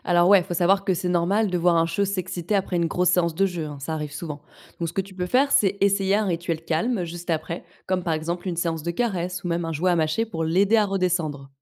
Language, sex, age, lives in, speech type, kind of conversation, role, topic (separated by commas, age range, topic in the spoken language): French, female, 25-29, France, read, expert-assistant, expert, Peut-on vraiment se fier aux conseils d’éducation animale trouvés sur Internet ?